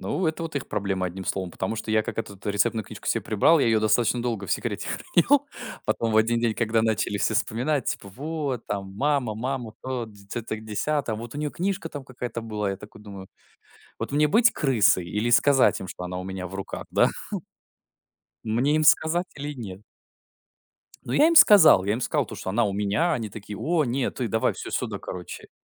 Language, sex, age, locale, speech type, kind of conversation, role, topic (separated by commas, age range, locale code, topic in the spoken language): Russian, male, 20-24, ru-RU, conversation, podcast, guest, Какие семейные рецепты ты бережно хранишь?